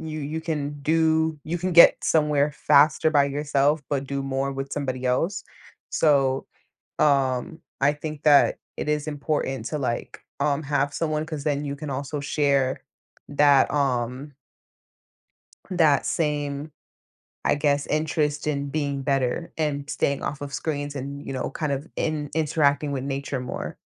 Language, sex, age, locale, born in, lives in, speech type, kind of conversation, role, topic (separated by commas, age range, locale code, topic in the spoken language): English, female, 20-24, en-US, United States, United States, conversation, podcast, guest, What are effective ways to create a healthy balance between technology use and real-life activities?